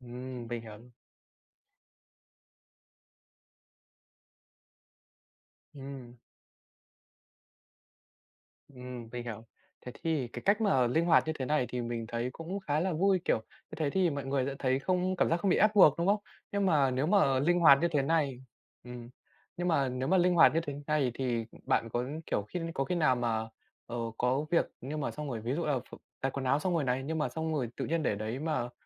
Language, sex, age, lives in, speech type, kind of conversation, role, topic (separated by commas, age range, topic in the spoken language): Vietnamese, male, 20-24, Vietnam, conversation, podcast, host, Bạn chia sẻ việc nhà với người khác như thế nào?